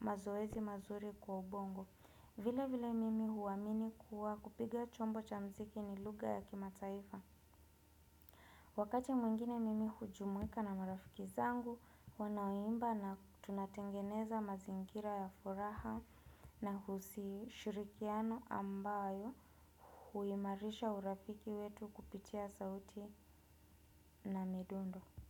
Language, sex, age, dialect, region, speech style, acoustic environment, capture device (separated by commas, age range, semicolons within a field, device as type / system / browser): Swahili, female, 25-34, Kenya - Nairobi Sheng-influenced, Kenya, relaxed, nature; appliances; people talking, desktop / Windows / Chrome